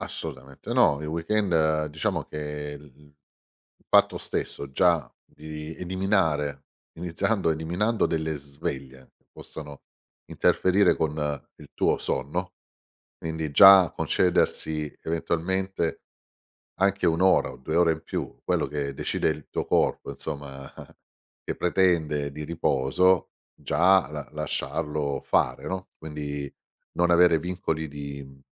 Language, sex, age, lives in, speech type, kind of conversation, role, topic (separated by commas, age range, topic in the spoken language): Italian, male, 50-54, Italy, conversation, podcast, guest, Quali abitudini quotidiane ti aiutano davvero a rilassarti?